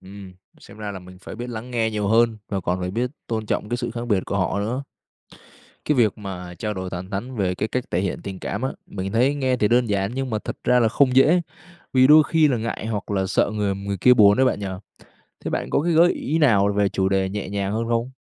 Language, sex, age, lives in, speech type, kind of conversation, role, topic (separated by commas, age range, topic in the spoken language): Vietnamese, male, 20-24, Vietnam, read, expert-assistant, asker, Có những cách sáng tạo nào giúp giữ lửa tình yêu lâu dài trong một mối quan hệ?